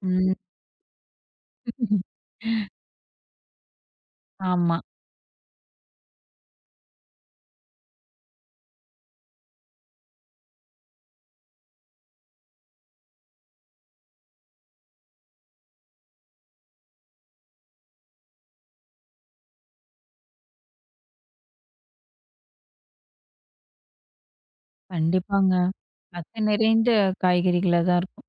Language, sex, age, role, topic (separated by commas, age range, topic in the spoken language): Tamil, female, 25-29, host, உள்ளூர் காய்கறிகள் வாங்குவது ஏன் முக்கியம்?